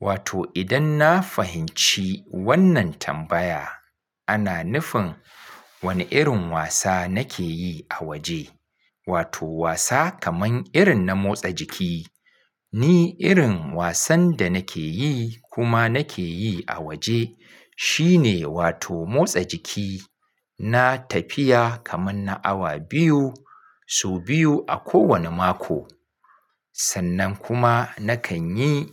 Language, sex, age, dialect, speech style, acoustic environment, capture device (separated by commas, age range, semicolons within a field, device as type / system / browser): Hausa, male, 35-44, Nigeria - Kano, focused, nature, mobile / Linux / Chrome